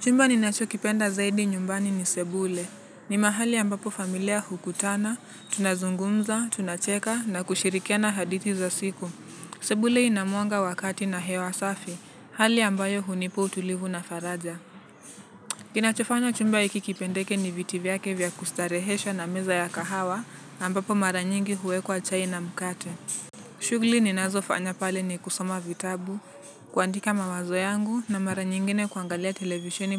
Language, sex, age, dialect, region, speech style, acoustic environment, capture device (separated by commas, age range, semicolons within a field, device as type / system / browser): Swahili, male, 18-24, Kenya - Nairobi Sheng-influenced, Kenya, relaxed, nature; traffic, mobile / Linux / Chrome